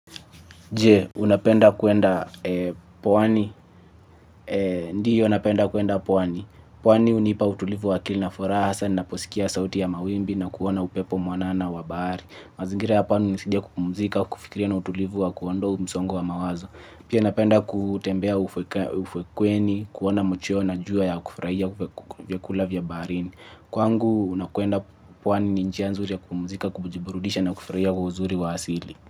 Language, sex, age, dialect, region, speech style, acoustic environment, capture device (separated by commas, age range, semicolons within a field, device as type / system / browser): Swahili, male, 25-34, Kenya - Mombasa, Kenya, focused, nature, mobile / Linux / Chrome